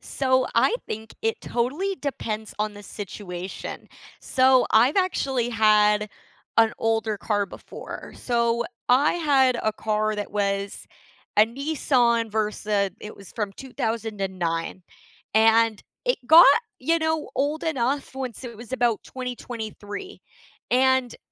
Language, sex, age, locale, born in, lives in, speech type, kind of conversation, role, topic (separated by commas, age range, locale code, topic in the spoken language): English, female, 20-24, en-CA, Canada, Canada, conversation, unstructured, none, Should you keep your old car longer or switch to a newer, more efficient one?